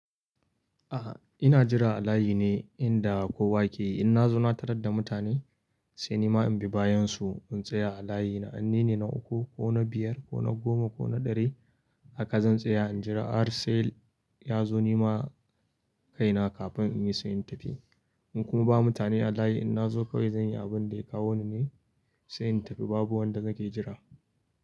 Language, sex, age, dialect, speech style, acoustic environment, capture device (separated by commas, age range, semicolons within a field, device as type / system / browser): Hausa, male, 25-34, Nigeria - Kano, happy; focused, silence; nature, mobile / Linux / Chrome